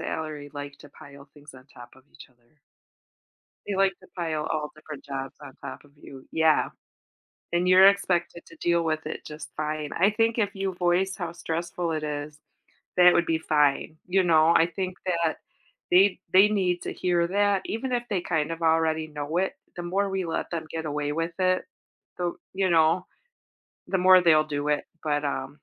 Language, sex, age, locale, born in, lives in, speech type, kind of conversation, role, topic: English, female, 45-49, en-US, United States, United States, conversation, advice, advisor, How can I manage feeling overwhelmed by work deadlines and responsibilities?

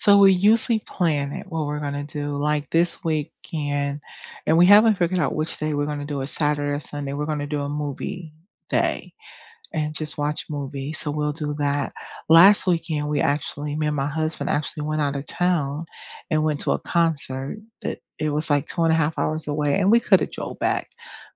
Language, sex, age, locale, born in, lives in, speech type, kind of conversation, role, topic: English, female, 50-54, en-US, United States, United States, conversation, unstructured, none, When the weekend arrives, do you recharge with cozy at-home rituals, or do you go exploring together?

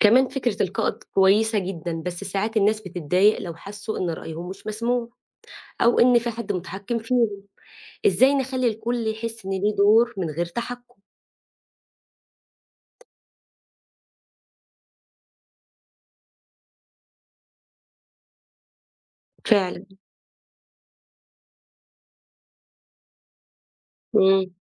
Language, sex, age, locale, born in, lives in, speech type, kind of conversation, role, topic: Arabic, female, 35-39, ar-EG, Egypt, United States, read, expert-assistant, asker, إزاي أرتب وأدير مجموعة جيمرز أونلاين، خصوصًا في الألعاب اللي تعتمد على التعاون زي ألعاب التيمز أو الكلانز؟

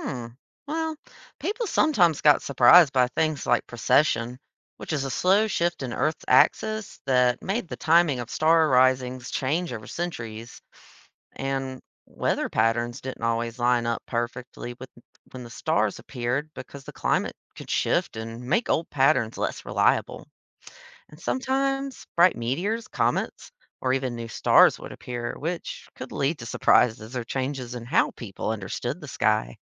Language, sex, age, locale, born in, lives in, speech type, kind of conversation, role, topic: English, female, 30-34, en-US, United States, United States, read, expert-assistant, expert, Why do we see different constellations in different seasons?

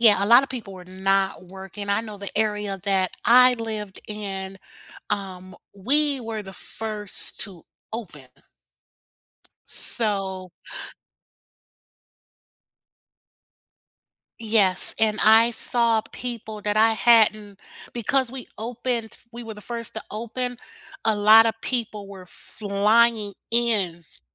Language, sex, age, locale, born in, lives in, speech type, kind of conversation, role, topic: English, female, 55-59, en-US, United States, United States, conversation, unstructured, none, What unexpected acts of kindness have you experienced or given?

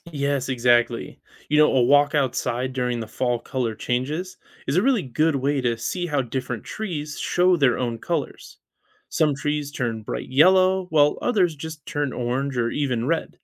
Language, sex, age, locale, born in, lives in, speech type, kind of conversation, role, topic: English, male, 35-39, en-US, United States, United States, read, expert-assistant, expert, Why do leaves change color in the fall?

